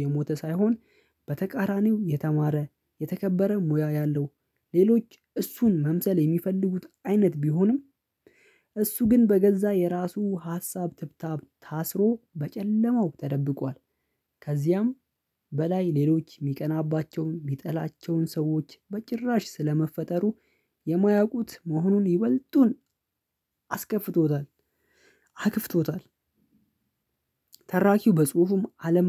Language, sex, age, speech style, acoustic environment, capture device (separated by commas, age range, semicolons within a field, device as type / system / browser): Amharic, male, 25-34, happy, silence, mobile / Linux / Chrome